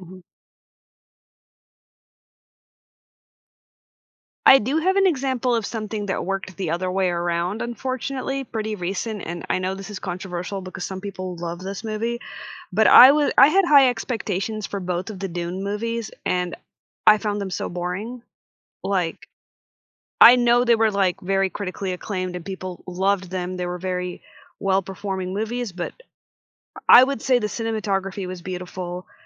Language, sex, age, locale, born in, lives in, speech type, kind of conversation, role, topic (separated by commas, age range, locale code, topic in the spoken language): English, female, 25-29, en-US, United States, United States, conversation, podcast, guest, Why do certain movies leave a lasting impression on us?